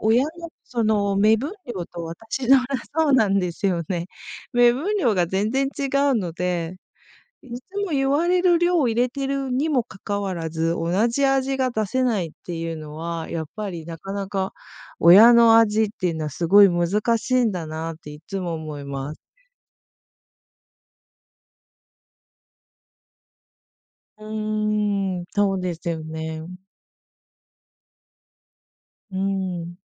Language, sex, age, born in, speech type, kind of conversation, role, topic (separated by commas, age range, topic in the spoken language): Japanese, female, 40-44, Japan, conversation, podcast, guest, 親から受け継いだ味はありますか？